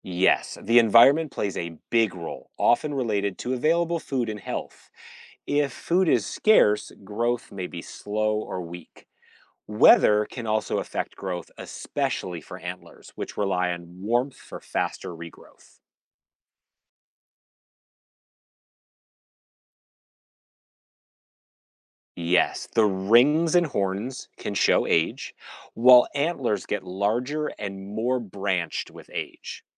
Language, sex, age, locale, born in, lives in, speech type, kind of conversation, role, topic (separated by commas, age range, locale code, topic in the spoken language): English, male, 45-49, en-US, United States, United States, read, expert-assistant, expert, Why do some animals have horns while others have antlers?